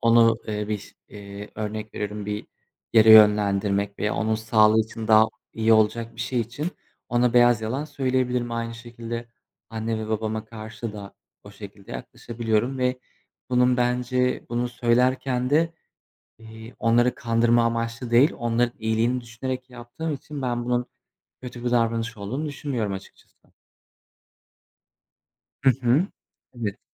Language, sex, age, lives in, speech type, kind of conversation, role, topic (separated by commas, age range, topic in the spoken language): Turkish, male, 25-29, Poland, conversation, unstructured, none, Yalan söylemek her zaman yanlış mıdır?